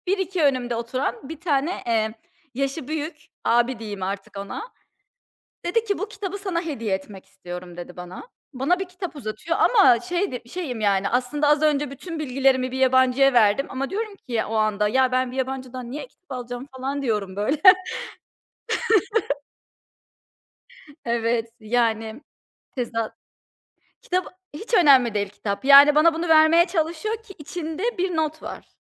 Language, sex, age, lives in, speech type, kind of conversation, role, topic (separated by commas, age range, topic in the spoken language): Turkish, female, 30-34, United States, conversation, podcast, guest, Hayatında aldığın en iyi öğüt neydi?